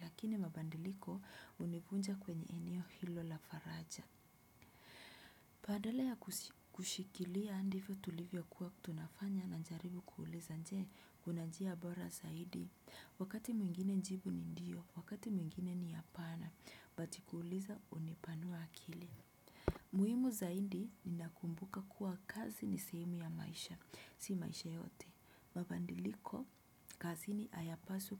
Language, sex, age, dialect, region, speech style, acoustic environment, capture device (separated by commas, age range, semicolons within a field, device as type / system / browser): Swahili, female, 25-34, Kenya - Nairobi Sheng-influenced, Kenya, relaxed; focused, music; appliances, desktop / Windows / Chrome